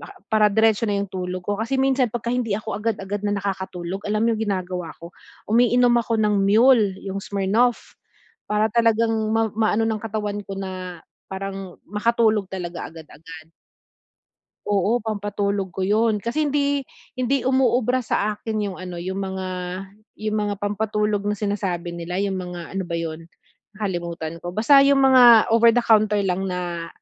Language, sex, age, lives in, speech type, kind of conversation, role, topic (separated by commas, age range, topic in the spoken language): Filipino, female, 40-44, Philippines, conversation, advice, user, Paano ko mababawasan ang mga istorbo kapag nagpapahinga ako?